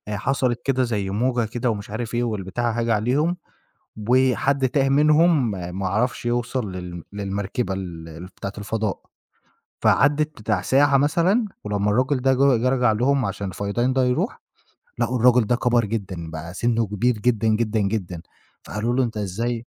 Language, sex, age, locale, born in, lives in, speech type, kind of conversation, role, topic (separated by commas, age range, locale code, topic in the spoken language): Arabic, male, 25-29, ar-EG, Egypt, Egypt, conversation, podcast, guest, إيه هو الفيلم اللي غيّر نظرتك للترفيه؟